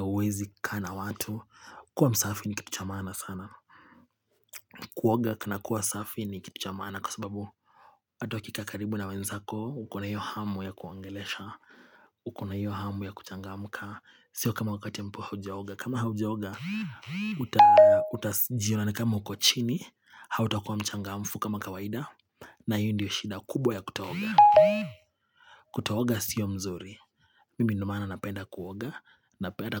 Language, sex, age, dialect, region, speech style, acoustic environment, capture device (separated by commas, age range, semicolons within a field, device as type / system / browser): Swahili, male, 25-34, Kenya - Nairobi Sheng-influenced, Kenya, focused, silence, mobile / Linux / Chrome